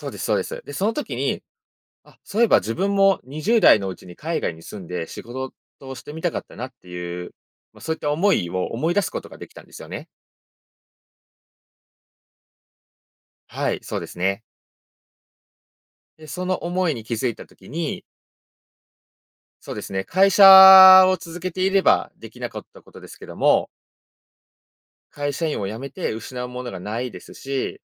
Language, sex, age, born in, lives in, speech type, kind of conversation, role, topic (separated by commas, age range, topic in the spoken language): Japanese, male, 25-29, Japan, Japan, conversation, podcast, guest, 後悔を前向きに変えた経験はありますか？